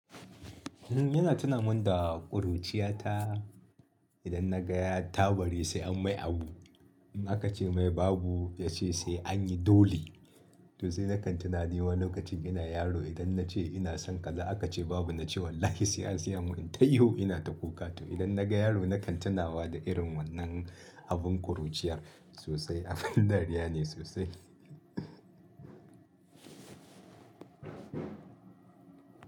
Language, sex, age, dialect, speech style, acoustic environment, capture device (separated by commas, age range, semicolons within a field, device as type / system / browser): Hausa, male, 35-44, Nigeria - Kano, happy, people talking, mobile / Linux / Chrome